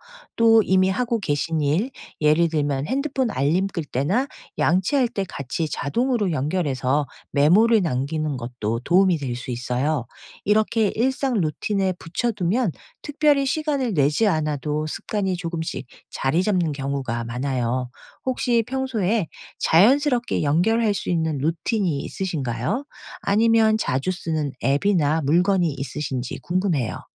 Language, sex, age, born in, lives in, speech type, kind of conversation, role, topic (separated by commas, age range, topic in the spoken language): Korean, female, 50-54, South Korea, United States, read, expert-assistant, expert, 바쁜 일상 속에서 꾸준히 자기계발을 실천하는 방법은 무엇인가요?